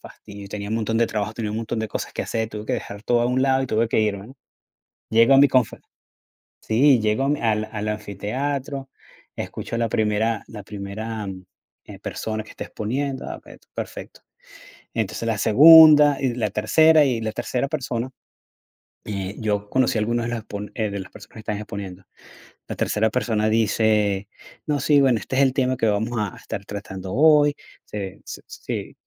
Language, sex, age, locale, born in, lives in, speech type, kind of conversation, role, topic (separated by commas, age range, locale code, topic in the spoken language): Spanish, male, 45-49, es-VE, Venezuela, United States, conversation, unstructured, none, ¿Recuerdas un día de trabajo que te haya hecho muy feliz?